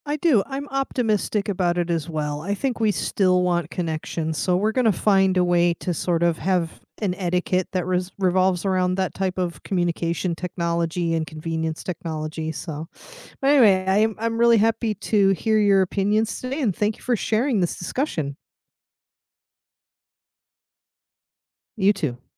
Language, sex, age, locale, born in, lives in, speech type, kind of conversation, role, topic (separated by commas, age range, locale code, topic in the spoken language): English, female, 40-44, en-US, United States, United States, conversation, unstructured, none, How do your devices, apps, and online habits help you feel close and stay connected with people?